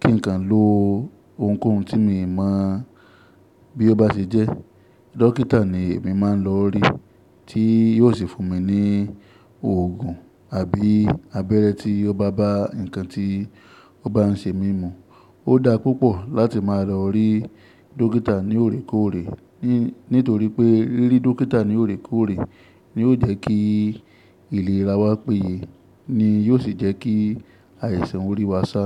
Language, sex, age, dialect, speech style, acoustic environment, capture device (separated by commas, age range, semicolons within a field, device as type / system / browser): Yoruba, male, 25-34, Nigeria - Ibadan, focused, nature, mobile / Linux / Chrome